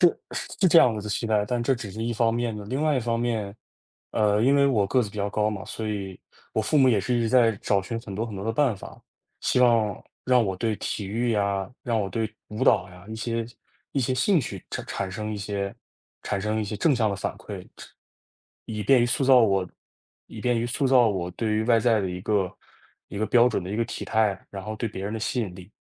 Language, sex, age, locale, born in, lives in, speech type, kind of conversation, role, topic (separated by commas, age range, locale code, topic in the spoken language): Chinese, male, 20-24, zh-CN, China, United States, conversation, podcast, guest, 父母对你成功的期待给你带来了哪些压力？